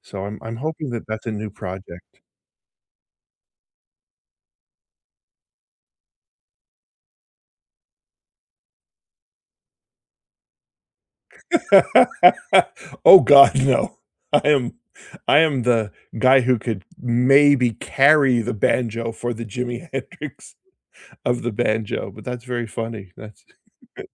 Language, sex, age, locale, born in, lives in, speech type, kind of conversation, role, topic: English, male, 55-59, en-CA, Canada, United States, conversation, unstructured, none, When was the last time you tried something completely new, and what did it teach you?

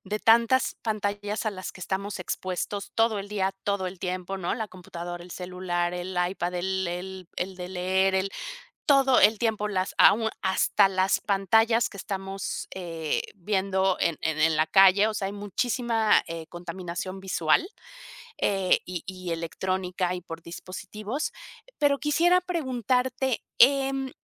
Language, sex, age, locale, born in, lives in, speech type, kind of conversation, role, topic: Spanish, female, 50-54, es-MX, Mexico, Mexico, conversation, advice, advisor, ¿Por qué te cuesta relajarte por la noche debido al uso de pantallas y redes sociales?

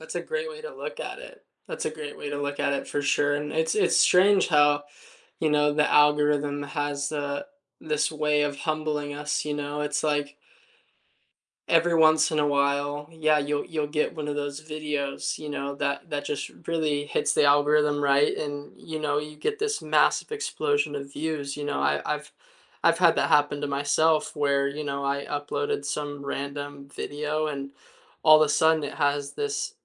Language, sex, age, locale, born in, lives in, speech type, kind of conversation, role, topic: English, male, 20-24, en-US, United States, United States, conversation, unstructured, none, Have you ever felt sad about quitting something creative?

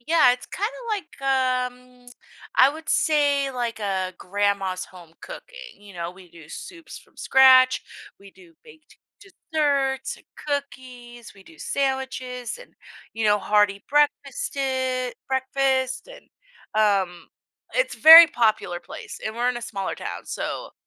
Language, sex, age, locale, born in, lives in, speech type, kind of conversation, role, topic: English, female, 30-34, en-US, United States, United States, conversation, podcast, guest, How does sharing food shape our relationships and sense of community?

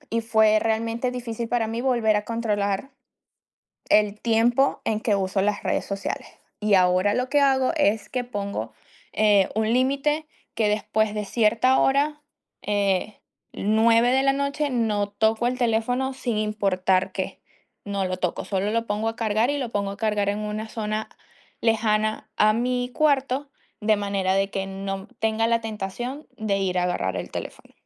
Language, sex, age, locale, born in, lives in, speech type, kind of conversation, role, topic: Spanish, female, 30-34, es-VE, Venezuela, United States, conversation, unstructured, none, ¿Deberíamos limitar el tiempo que pasamos en redes sociales?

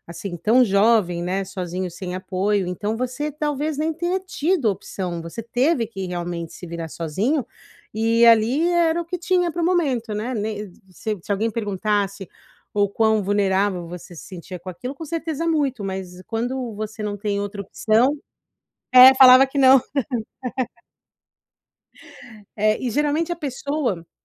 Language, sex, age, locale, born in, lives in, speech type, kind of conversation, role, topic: Portuguese, female, 40-44, pt-BR, Brazil, United States, conversation, advice, advisor, Como posso pedir ajuda com mais facilidade quando percebo padrões negativos na minha vida?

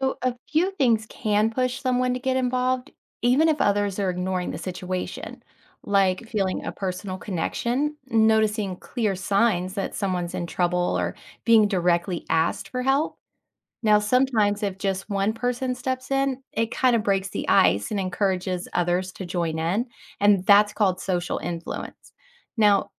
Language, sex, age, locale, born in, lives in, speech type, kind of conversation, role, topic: English, female, 40-44, en-US, United States, United States, read, expert-assistant, expert, What is a real-life situation that illustrates the bystander effect?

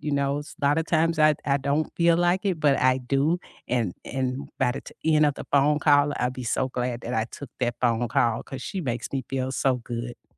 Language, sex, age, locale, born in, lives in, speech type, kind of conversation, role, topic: English, female, 55-59, en-US, United States, United States, conversation, unstructured, none, What is one thing that has helped you unwind after busy days this week?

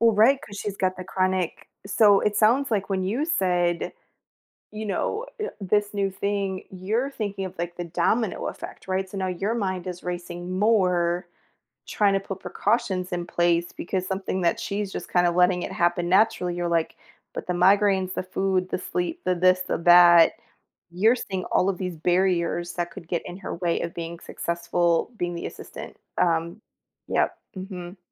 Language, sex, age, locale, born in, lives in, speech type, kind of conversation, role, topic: English, female, 40-44, en-US, United States, United States, conversation, advice, advisor, How can I set boundaries to better balance work and personal life?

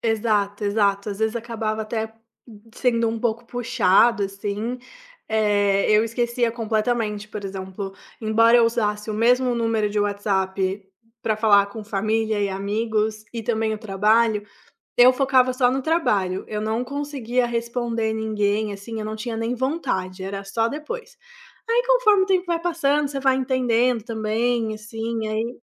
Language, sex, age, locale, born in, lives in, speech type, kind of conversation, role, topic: Portuguese, female, 25-29, pt-BR, Brazil, Italy, conversation, podcast, guest, Como você separa a vida pessoal do trabalho nas redes sociais e nas mensagens?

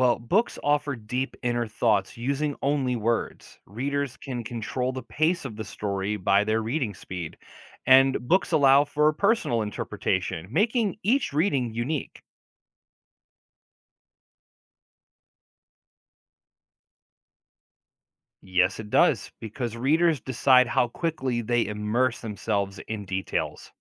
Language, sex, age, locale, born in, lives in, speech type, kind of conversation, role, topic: English, male, 40-44, en-US, United States, United States, read, expert-assistant, expert, How does storytelling differ across mediums like books and films?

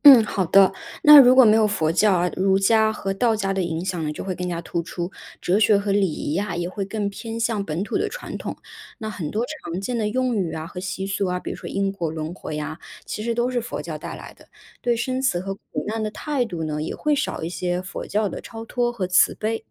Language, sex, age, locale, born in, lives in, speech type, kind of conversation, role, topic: Chinese, female, 30-34, zh-CN, China, United States, read, expert-assistant, expert, 如果历史上没有出现佛教，对中国文化和社会会产生怎样的影响？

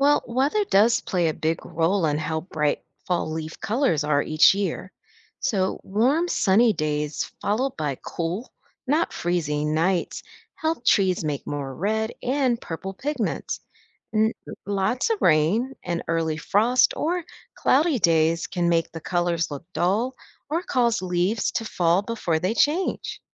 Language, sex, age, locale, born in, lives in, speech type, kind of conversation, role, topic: English, female, 50-54, en-US, United States, United States, read, expert-assistant, expert, What causes the changing colors of leaves in the fall?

